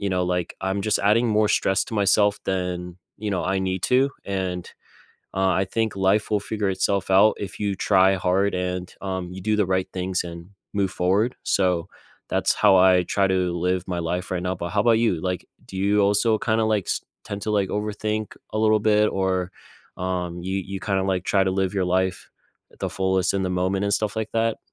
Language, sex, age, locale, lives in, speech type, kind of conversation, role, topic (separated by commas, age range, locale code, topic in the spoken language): English, male, 20-24, en-US, United States, conversation, unstructured, none, What does your ideal slow weekend look like, and what small rituals could we swap to recharge?